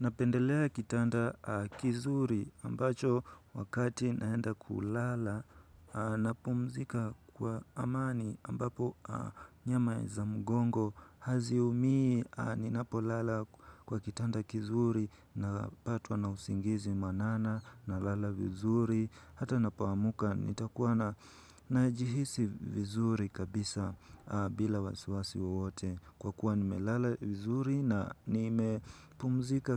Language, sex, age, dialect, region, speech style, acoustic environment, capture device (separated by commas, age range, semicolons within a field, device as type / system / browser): Swahili, male, 18-24, Kenya - Nairobi Sheng-influenced, Kenya, anxious, nature, mobile / Linux / Chrome